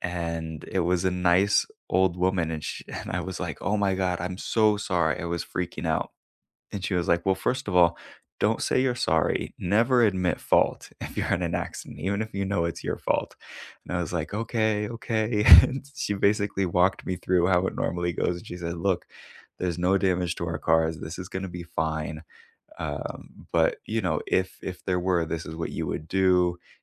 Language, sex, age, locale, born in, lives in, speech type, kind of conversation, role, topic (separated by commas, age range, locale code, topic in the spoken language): English, male, 25-29, en-US, United States, United States, conversation, unstructured, none, When was the last time you experienced unexpected kindness from a stranger while traveling?